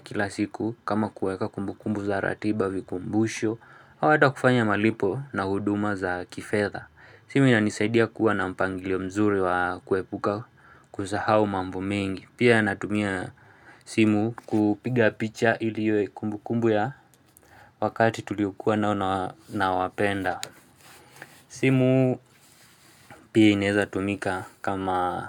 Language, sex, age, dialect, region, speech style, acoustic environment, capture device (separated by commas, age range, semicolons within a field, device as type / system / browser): Swahili, male, 25-34, Kenya - Nairobi Sheng-influenced, Kenya, excited, silence, mobile / Linux / Chrome